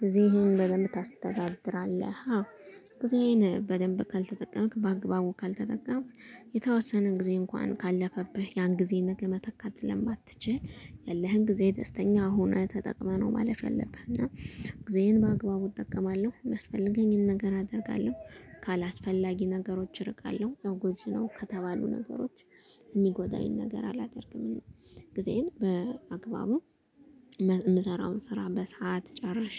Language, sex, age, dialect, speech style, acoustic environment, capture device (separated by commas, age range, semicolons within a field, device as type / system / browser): Amharic, female, 25-34, Ethiopia - Gondar, happy, nature, mobile / Linux / Chrome